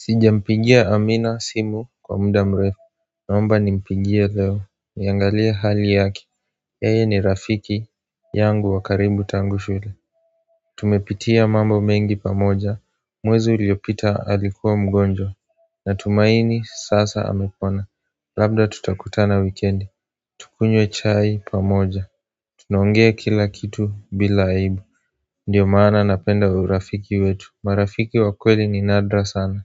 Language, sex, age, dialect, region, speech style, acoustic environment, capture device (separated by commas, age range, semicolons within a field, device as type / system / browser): Swahili, male, 18-24, Kenya - Nairobi Sheng-influenced, Kenya, relaxed, silence, mobile / Android / Chrome